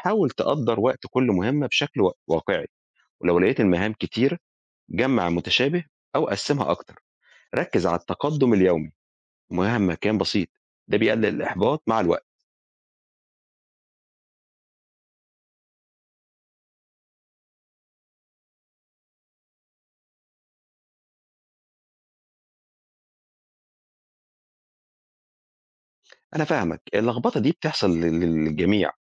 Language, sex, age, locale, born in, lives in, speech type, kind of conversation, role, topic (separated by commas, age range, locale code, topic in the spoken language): Arabic, male, 45-49, ar-EG, Egypt, Egypt, read, expert-assistant, expert, إزاي أقدر أنظم أولوياتي وسلوكي اليومي علشان أحقق أهدافي من غير ما أضيع في الزحمة؟